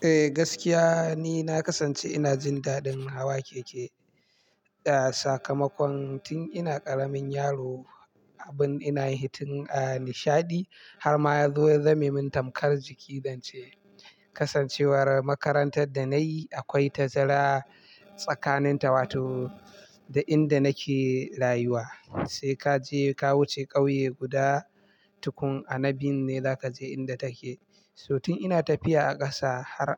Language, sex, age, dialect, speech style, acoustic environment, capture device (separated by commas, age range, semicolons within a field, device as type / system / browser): Hausa, male, 25-34, Nigeria - Kano, happy; excited; focused; relaxed, silence, mobile / Linux / Chrome